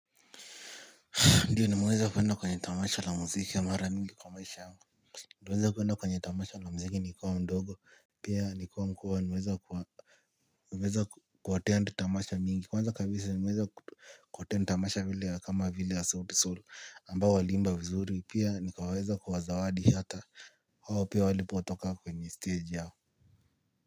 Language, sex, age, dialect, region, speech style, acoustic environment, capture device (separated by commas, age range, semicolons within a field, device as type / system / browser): Swahili, male, 18-24, Kenya - Nairobi Sheng-influenced, Kenya, relaxed, silence, mobile / Linux / Chrome